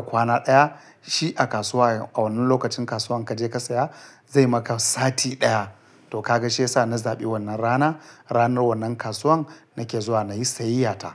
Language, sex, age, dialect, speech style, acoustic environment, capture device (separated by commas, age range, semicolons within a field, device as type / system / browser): Hausa, male, 35-44, Nigeria - Kano, relaxed, silence, mobile / Linux / Chrome